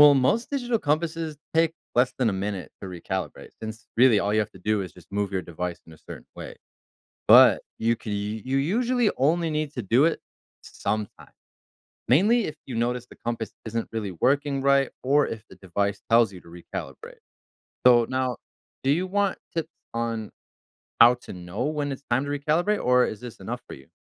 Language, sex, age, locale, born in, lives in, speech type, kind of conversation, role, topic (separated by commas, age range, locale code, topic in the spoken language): English, male, 30-34, en-US, United States, United States, read, expert-assistant, expert, How do compasses work and what affects their accuracy?